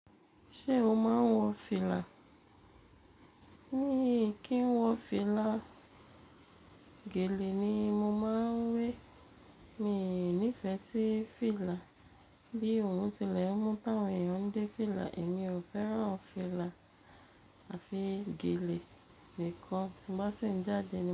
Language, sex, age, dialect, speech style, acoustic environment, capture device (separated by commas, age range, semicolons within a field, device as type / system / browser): Yoruba, female, 35-44, Nigeria - Lagos Yoruba, relaxed, silence, mobile / Linux / Chrome